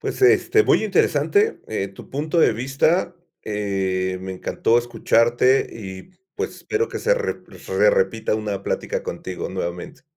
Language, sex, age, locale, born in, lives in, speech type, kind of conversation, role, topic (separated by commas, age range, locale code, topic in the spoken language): Spanish, male, 50-54, es-MX, Mexico, Mexico, conversation, podcast, host, ¿Cómo decides si es mejor seguir en una relación o terminarla?